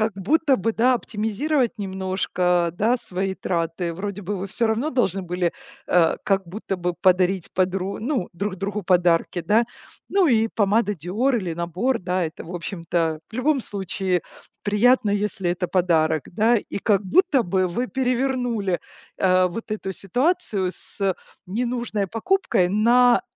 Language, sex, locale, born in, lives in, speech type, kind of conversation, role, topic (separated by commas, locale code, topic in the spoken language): Russian, female, ru-RU, Ukraine, Italy, conversation, advice, advisor, Как справиться с давлением соцсетей, из‑за которого хочется тратить деньги на статусные вещи?